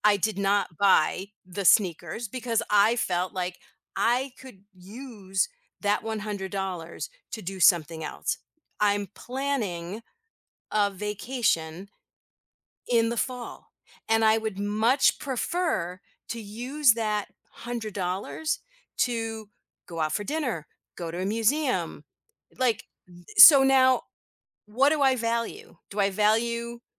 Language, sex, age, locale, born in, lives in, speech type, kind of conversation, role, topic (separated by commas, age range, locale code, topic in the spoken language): English, female, 55-59, en-US, United States, United States, conversation, podcast, guest, How do you decide what is truly essential in your life?